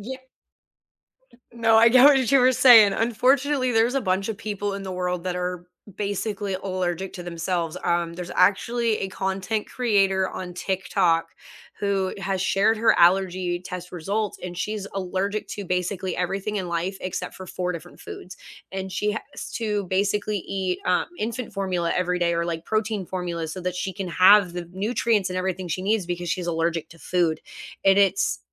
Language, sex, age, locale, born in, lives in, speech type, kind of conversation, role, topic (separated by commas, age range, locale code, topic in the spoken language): English, female, 30-34, en-US, United States, United States, conversation, unstructured, none, What snacks and rituals do you consider essential for a great movie night?